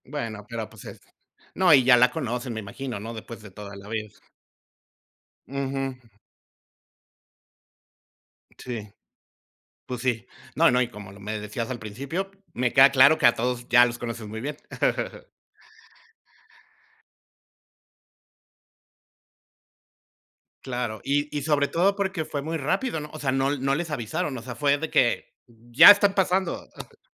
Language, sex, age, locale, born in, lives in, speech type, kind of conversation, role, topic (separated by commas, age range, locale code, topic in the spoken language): Spanish, male, 45-49, es-MX, Mexico, Mexico, conversation, unstructured, none, ¿Cómo podemos apoyar a nuestros vecinos en momentos difíciles?